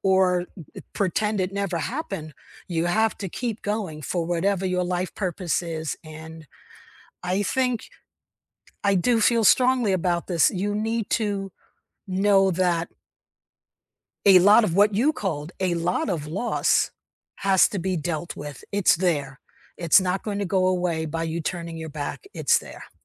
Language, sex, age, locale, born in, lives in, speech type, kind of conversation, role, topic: English, female, 70-74, en-US, United States, United States, conversation, unstructured, none, What does it feel like to find joy again after a loss?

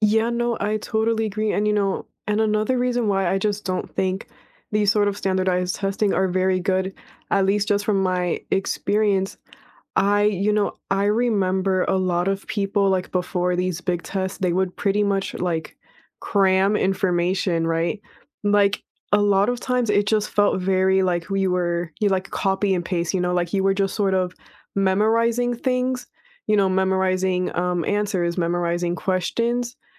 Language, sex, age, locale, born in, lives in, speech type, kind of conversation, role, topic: English, female, 20-24, en-US, United States, United States, conversation, unstructured, none, Do you think standardized tests are a good way to measure learning?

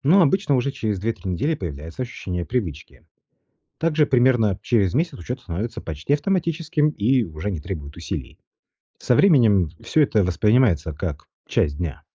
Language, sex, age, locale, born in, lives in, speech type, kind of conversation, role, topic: Russian, male, 35-39, ru-RU, Russia, Italy, read, expert-assistant, expert, Как лучше организовать семейный бюджет, чтобы хватало и на нужды, и на желания?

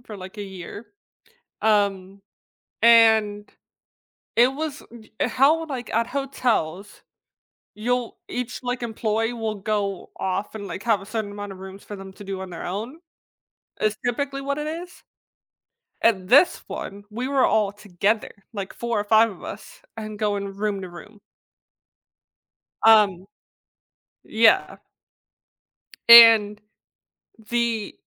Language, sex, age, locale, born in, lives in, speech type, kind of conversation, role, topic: English, female, 20-24, en-US, United States, United States, conversation, podcast, host, How does music shape your routines and experiences throughout the day?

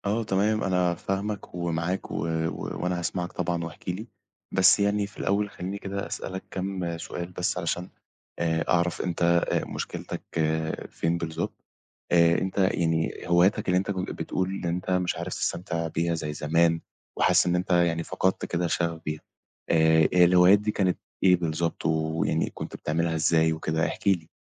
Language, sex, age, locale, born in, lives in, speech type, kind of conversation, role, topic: Arabic, male, 20-24, ar-EG, Egypt, Egypt, conversation, advice, advisor, إزاي بتوصف إحساسك إن مافيش عندك حافز ومابقتش بتستمتع بهواياتك اللي كنت بتحبها؟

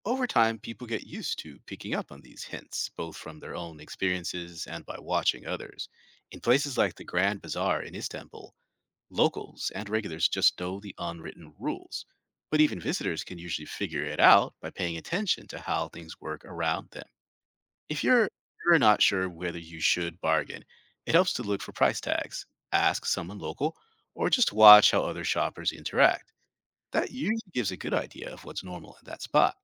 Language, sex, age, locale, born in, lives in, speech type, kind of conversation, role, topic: English, male, 45-49, en-US, United States, United States, read, expert-assistant, expert, How do cultural attitudes shape people's views on bargaining and haggling over prices?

